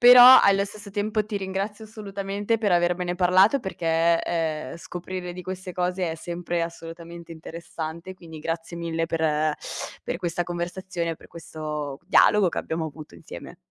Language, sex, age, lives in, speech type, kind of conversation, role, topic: Italian, female, 25-29, Italy, conversation, podcast, host, Come gestisci le allergie e le intolleranze dei tuoi ospiti?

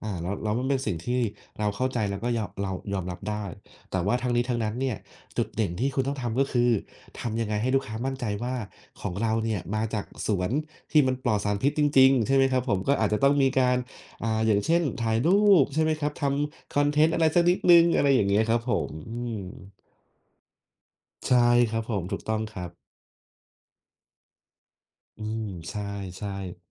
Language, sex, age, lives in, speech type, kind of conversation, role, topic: Thai, male, 30-34, Thailand, conversation, unstructured, none, คุณรู้สึกอย่างไรเมื่อได้อยู่ท่ามกลางธรรมชาติ?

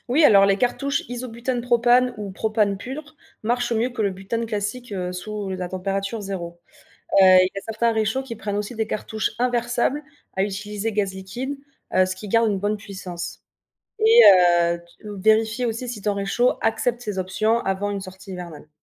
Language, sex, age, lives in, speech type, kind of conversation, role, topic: French, female, 25-29, France, read, expert-assistant, expert, Comment savoir si un problème lors d’une sortie nature vient du matériel, de l’environnement ou de l’utilisateur ?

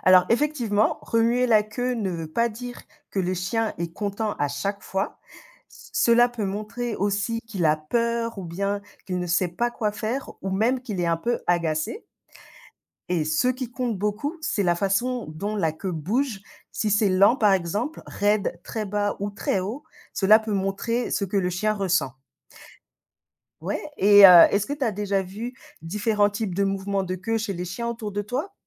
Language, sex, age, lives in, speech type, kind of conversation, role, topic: French, female, 35-39, Spain, read, expert-assistant, expert, Pourquoi les chiens remuent-ils la queue ?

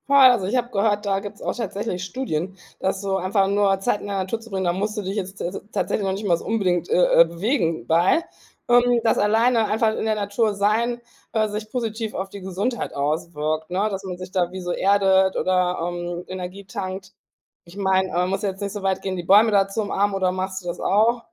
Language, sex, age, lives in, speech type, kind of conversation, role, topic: German, female, 40-44, Germany, conversation, podcast, host, Was nimmst du aus der Natur mit in deinen Alltag?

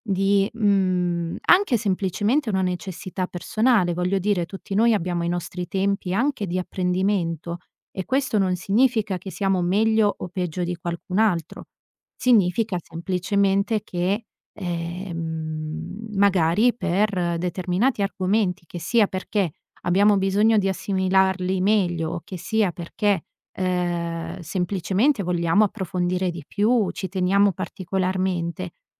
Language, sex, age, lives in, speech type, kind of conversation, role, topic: Italian, female, 30-34, Italy, conversation, advice, advisor, Come ti senti quando vedi sui social i successi degli altri e ti senti inferiore?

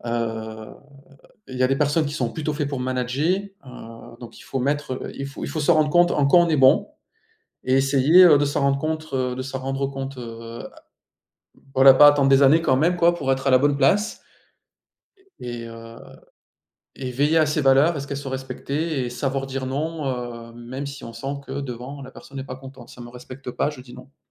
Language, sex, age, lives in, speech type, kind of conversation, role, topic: French, male, 40-44, France, conversation, unstructured, none, Pourquoi la santé mentale est-elle encore entourée de tant de tabous aujourd’hui ?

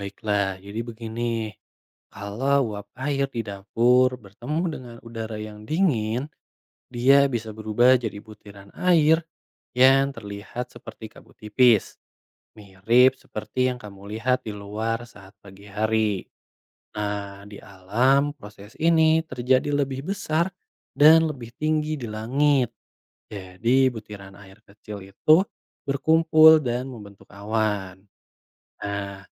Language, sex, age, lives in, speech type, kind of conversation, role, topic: Indonesian, male, 25-29, Indonesia, read, expert-assistant, expert, Mengapa air bisa berubah menjadi uap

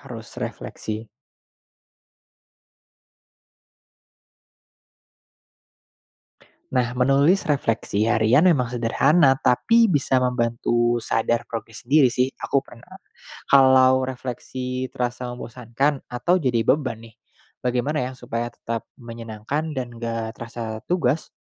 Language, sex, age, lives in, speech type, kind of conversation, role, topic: Indonesian, male, 25-29, Indonesia, read, expert-assistant, asker, Apa saja langkah kreatif yang bisa dilakukan untuk mengubah kebiasaan menunda pekerjaan menjadi lebih produktif?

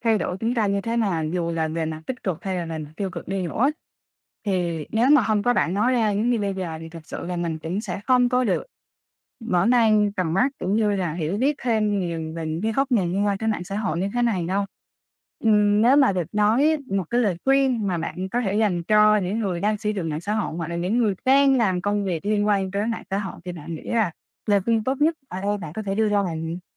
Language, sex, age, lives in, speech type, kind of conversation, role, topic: Vietnamese, female, 25-29, Vietnam, conversation, podcast, host, Bạn nghĩ mạng xã hội đã thay đổi cách chúng ta thưởng thức giải trí như thế nào?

